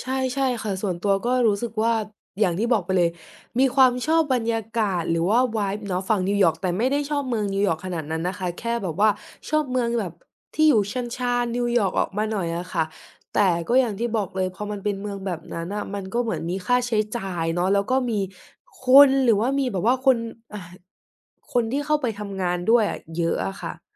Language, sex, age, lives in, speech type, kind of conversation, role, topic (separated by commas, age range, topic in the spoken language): Thai, female, 20-24, Thailand, conversation, advice, user, จะตัดสินใจเรื่องสำคัญอย่างไรดีเมื่อกลัวว่าจะตัดสินใจผิดพลาด?